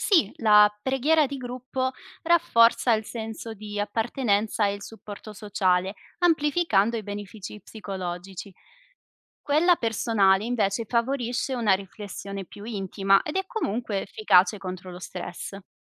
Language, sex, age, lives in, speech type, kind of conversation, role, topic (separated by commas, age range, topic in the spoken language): Italian, female, 25-29, Italy, read, expert-assistant, expert, Si dice che pregare ogni giorno porti serenità: è davvero così o è solo una credenza diffusa?